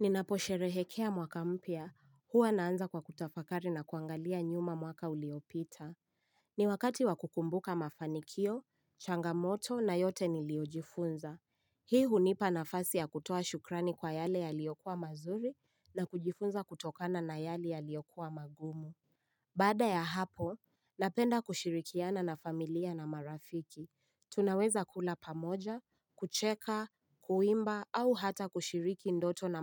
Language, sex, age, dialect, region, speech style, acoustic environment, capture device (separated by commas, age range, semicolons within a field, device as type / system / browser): Swahili, female, 18-24, Kenya - Nairobi Sheng-influenced, Kenya, relaxed; excited; focused, nature; silence, mobile / Linux / Chrome